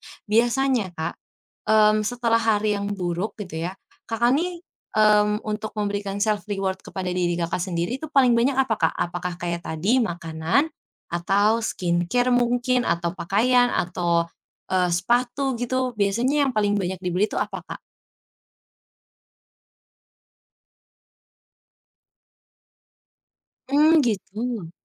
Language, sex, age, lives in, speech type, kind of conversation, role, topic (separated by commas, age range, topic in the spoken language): Indonesian, female, 20-24, Indonesia, conversation, advice, advisor, Bagaimana cara menahan diri agar tidak belanja impulsif untuk menghibur diri setelah menjalani hari yang buruk?